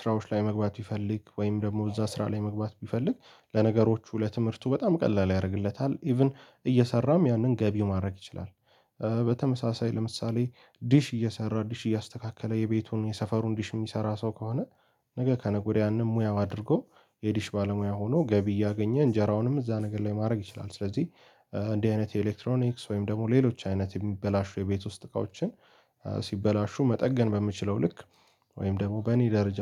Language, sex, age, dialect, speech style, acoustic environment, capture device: Amharic, male, 18-24, Ethiopia - Addis Ababa, happy; anxious; tired; relaxed, people talking; music; nature, mobile / Linux / Chrome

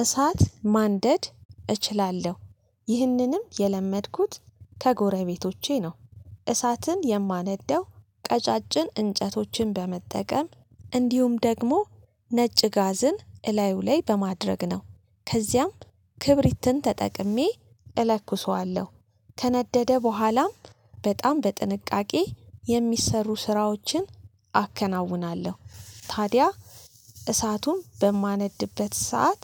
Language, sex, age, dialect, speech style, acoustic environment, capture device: Amharic, female, 35-44, Ethiopia - Addis Ababa, happy, silence, mobile / Linux / Chrome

